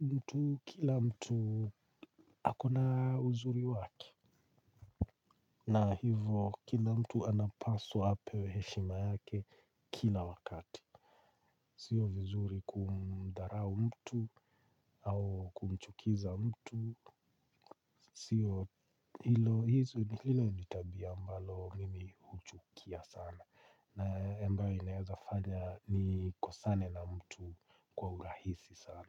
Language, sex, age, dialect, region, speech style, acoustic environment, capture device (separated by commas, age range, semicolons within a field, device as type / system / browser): Swahili, male, 45-54, Kenya - Nairobi Sheng-influenced, Kenya, relaxed, silence, mobile / Linux / Chrome